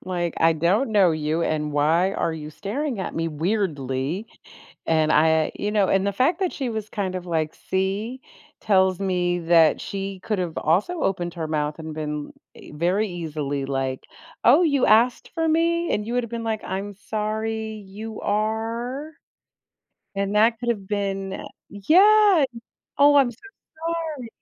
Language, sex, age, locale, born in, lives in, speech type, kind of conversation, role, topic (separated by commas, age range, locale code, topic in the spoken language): English, female, 55-59, en-US, United States, United States, conversation, advice, advisor, How can I stop feeling awkward after a party faux pas?